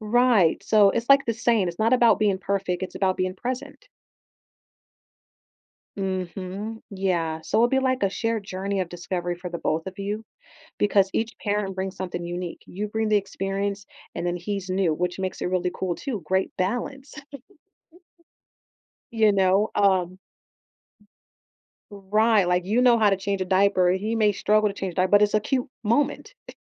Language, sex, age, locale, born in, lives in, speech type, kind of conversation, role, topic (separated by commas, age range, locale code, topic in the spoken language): English, female, 30-34, en-US, United States, United States, conversation, advice, advisor, How should I prepare for a difficult conversation?